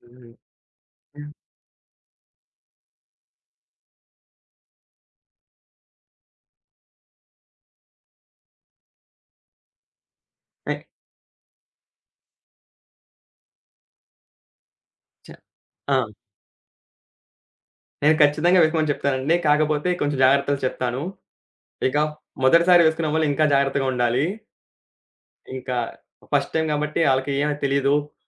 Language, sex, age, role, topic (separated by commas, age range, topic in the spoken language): Telugu, male, 20-24, guest, మీ జీవితాన్ని మార్చివేసిన ఒక గమ్యస్థాన ప్రయాణం గురించి చెప్పగలరా?